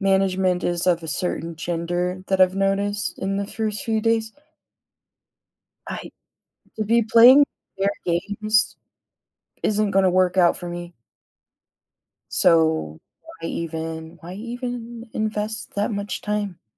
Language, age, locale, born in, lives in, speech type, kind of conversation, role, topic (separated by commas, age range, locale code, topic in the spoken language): English, 25-29, en-US, United States, United States, conversation, advice, user, How can I manage being overwhelmed at a new job?